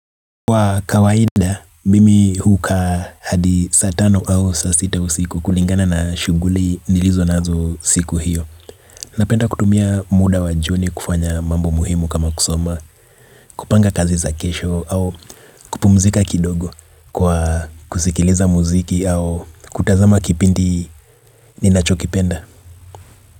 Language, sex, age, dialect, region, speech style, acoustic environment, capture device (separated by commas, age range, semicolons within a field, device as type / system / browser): Swahili, male, 25-34, Kenya - Nairobi Sheng-influenced, Kenya, relaxed; tired, nature; appliances, mobile / Linux / Chrome